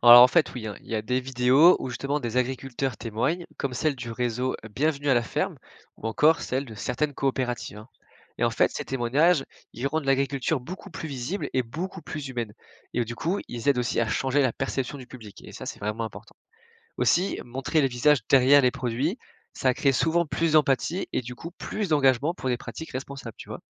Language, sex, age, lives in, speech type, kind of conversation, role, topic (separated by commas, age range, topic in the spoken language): French, male, 20-24, France, read, expert-assistant, expert, Comment pourrait-on réinventer la façon dont on cultive le blé pour qu’elle soit plus respectueuse de l’environnement ?